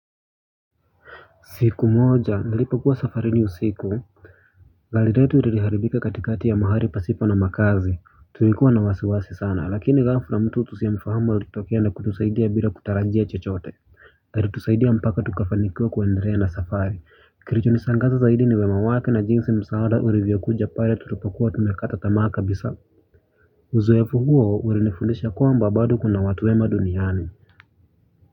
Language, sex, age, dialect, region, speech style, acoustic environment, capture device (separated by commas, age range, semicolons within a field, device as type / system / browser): Swahili, male, 25-34, Kenya - Nairobi Sheng-influenced, Kenya, relaxed; focused, silence, mobile / Linux / Chrome